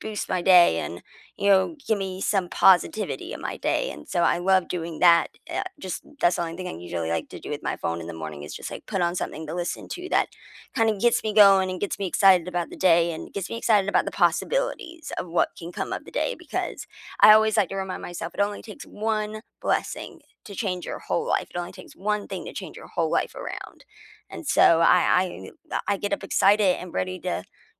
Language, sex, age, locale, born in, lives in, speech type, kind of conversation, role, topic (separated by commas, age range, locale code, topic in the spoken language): English, female, 25-29, en-US, United States, United States, conversation, unstructured, none, What small daily rituals make your mornings better?